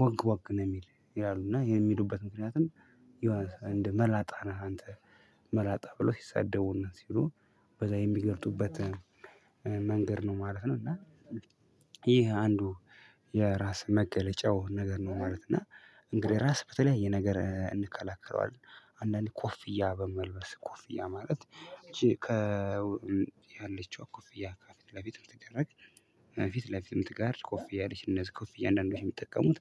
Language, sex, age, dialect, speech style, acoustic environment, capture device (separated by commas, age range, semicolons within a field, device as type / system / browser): Amharic, female, 25-34, Ethiopia - Addis Ababa, happy, silence, mobile / Linux / Chrome